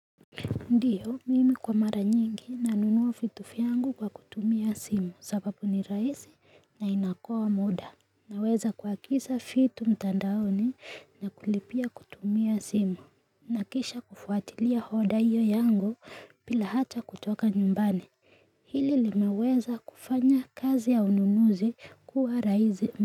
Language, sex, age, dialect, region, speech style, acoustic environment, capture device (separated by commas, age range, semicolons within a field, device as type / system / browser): Swahili, female, 18-24, Kenya - Nairobi Sheng-influenced, Kenya, happy, nature, mobile / Linux / Chrome